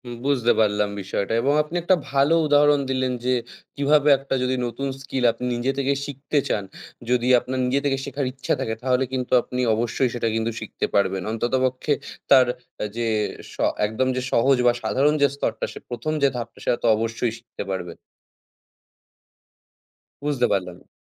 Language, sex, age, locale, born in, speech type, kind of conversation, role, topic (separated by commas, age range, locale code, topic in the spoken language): Bengali, male, 20-24, bn-IN, India, conversation, podcast, host, তুমি কীভাবে নিজে থেকে নতুন কোনো দক্ষতা শেখা শুরু করো?